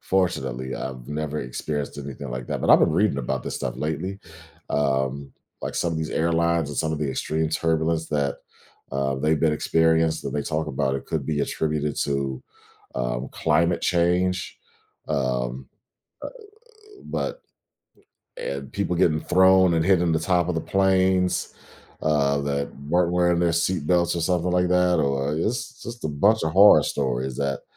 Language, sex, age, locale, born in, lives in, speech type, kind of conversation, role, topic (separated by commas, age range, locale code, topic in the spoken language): English, male, 40-44, en-US, United States, United States, conversation, podcast, guest, How can facing your fears change your perspective on life?